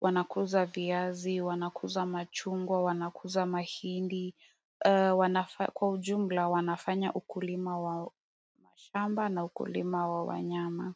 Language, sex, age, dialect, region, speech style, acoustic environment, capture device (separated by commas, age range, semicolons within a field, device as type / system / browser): Swahili, female, 18-24, Kenya - Nairobi Sheng-influenced, Kenya, relaxed, silence, mobile / Android / Chrome